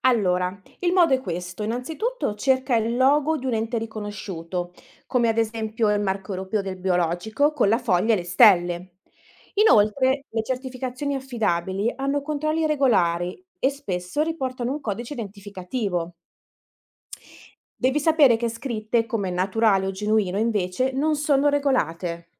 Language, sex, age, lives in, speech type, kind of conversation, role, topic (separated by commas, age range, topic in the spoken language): Italian, female, 30-34, Italy, read, expert-assistant, expert, Cosa garantiscono davvero le certificazioni su benessere animale e prodotti etici?